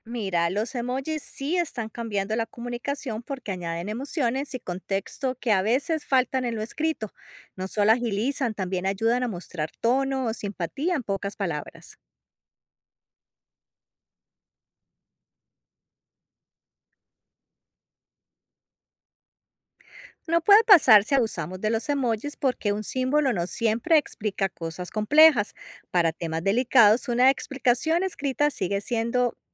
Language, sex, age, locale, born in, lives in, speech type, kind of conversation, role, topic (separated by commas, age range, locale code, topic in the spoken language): Spanish, female, 50-54, es-CO, Colombia, United States, read, expert-assistant, expert, ¿Cómo crees que el uso de emojis está cambiando la forma en que hablamos en el día a día?